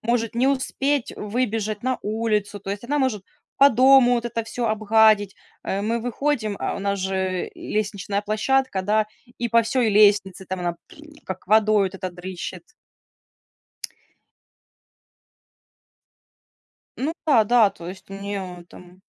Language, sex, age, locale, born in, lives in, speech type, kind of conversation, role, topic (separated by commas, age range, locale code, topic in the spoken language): Russian, female, 30-34, ru-RU, Ukraine, Spain, conversation, unstructured, none, Как вы относитесь к кошкам по сравнению с собаками?